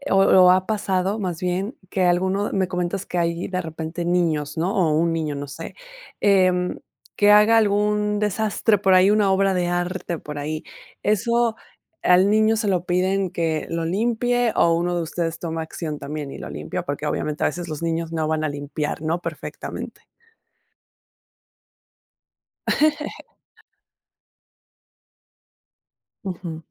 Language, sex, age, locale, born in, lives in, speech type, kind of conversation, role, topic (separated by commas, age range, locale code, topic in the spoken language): Spanish, female, 30-34, es-MX, Mexico, Mexico, conversation, podcast, host, ¿Cómo se reparten las tareas domésticas entre los miembros del hogar?